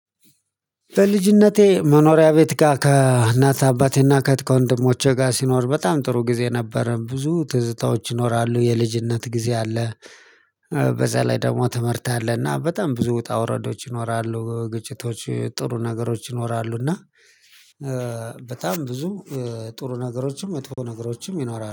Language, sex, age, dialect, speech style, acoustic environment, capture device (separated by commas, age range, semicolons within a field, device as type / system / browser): Amharic, male, 35-44, Ethiopia - Addis Ababa, excited, silence, mobile / Linux / Chrome